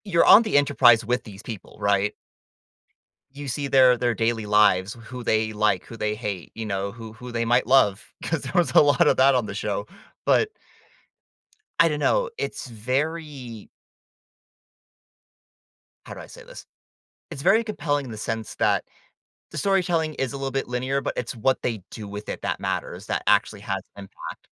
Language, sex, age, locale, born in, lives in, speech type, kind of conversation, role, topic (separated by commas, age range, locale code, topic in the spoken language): English, male, 20-24, en-US, United States, United States, conversation, podcast, guest, How does storytelling shape our connection to movies, games, and other forms of entertainment?